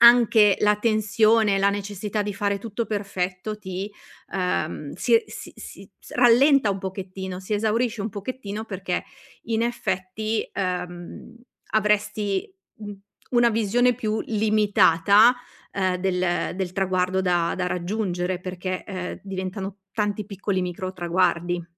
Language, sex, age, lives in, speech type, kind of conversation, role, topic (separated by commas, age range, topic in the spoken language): Italian, female, 40-44, Italy, conversation, advice, advisor, Come posso superare il perfezionismo che mi blocca dal portare a termine vecchi progetti creativi?